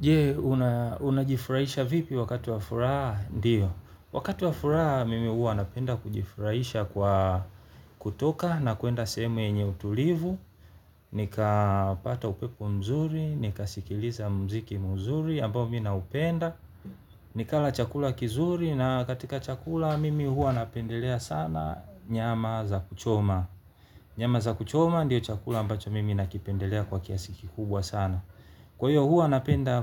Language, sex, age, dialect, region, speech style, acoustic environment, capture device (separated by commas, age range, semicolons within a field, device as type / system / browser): Swahili, male, 35-44, Tanzania - Dar es Salaam, Tanzania, happy; relaxed; focused, nature, mobile / Linux / Chrome